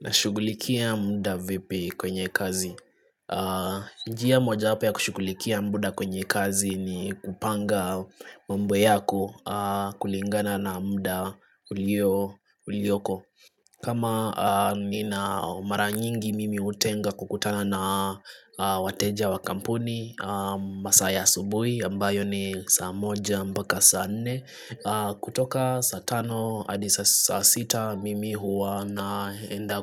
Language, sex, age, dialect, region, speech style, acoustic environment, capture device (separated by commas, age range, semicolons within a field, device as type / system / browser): Swahili, male, 25-34, Kenya - Nairobi Sheng-influenced, Kenya, happy; relaxed, nature; silence, desktop / Linux / Chrome